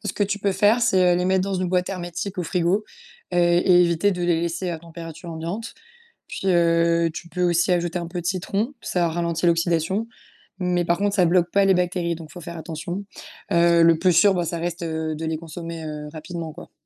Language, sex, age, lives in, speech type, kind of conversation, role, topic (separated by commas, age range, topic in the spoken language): French, female, 20-24, France, read, expert-assistant, expert, Pourquoi certains aliments deviennent-ils parfois dangereux alors qu’on pensait qu’ils étaient sûrs ?